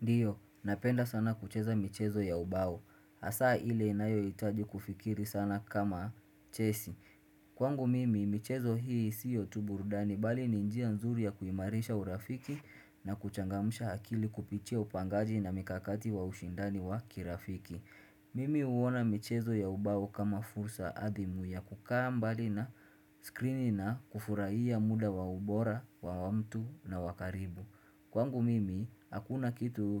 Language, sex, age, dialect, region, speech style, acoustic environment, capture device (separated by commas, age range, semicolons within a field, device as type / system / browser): Swahili, male, 25-34, Kenya - Mombasa, Kenya, focused, silence, desktop / Windows / Chrome